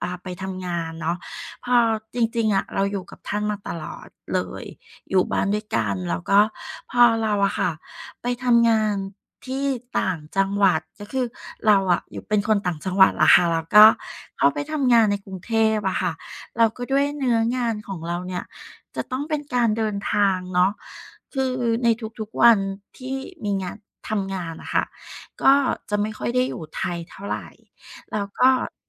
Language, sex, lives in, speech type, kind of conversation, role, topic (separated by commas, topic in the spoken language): Thai, female, Thailand, conversation, podcast, guest, มีวิธีง่ายๆ ในการสื่อความรักกับพ่อแม่ผู้สูงอายุไหม?